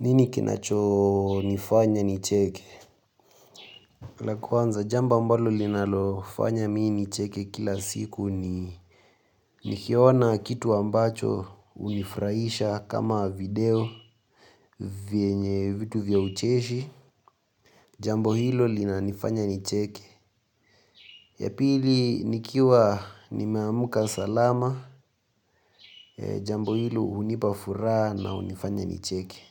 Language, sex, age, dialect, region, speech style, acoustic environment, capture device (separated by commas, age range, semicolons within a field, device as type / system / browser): Swahili, male, 18-24, Kenya - Nairobi Sheng-influenced, Kenya, nervous, appliances, mobile / Linux / Chrome